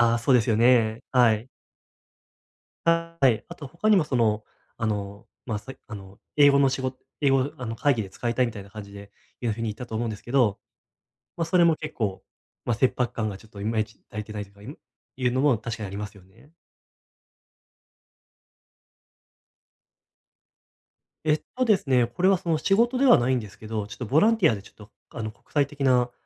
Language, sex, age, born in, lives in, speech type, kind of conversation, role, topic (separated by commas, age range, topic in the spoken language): Japanese, male, 20-24, Japan, Japan, conversation, advice, user, 達成感を維持するために、どのような小さな指標を作ればよいですか？